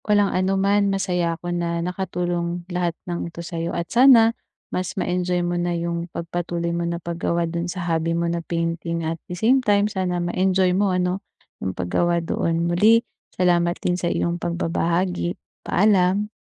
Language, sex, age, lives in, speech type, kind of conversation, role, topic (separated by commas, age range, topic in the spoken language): Filipino, female, 25-29, Philippines, conversation, advice, advisor, Paano ko mahahanap ang oras at motibasyon para sa hilig kong gawain?